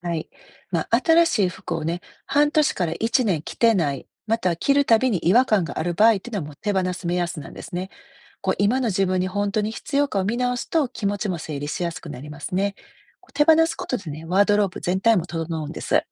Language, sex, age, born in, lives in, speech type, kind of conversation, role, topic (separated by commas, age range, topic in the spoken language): Japanese, female, 50-54, Japan, United States, read, expert-assistant, expert, 自分らしいスタイルを作るために、どんな方法やヒントがあると思いますか？